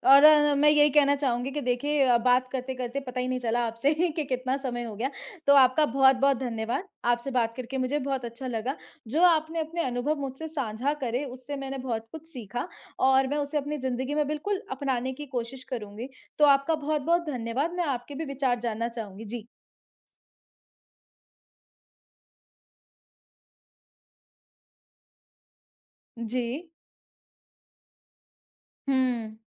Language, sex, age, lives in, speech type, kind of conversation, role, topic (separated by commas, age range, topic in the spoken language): Hindi, female, 25-29, India, conversation, unstructured, none, आपके हिसाब से बजट बनाना क्यों ज़रूरी है?